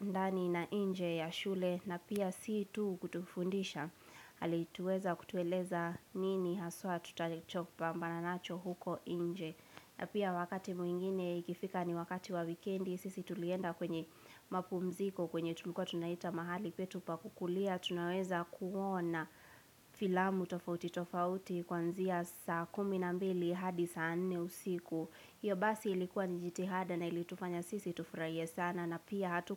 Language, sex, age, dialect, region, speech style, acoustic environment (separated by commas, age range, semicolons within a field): Swahili, female, 18-24, Kenya - Nairobi Sheng-influenced, Kenya, relaxed, nature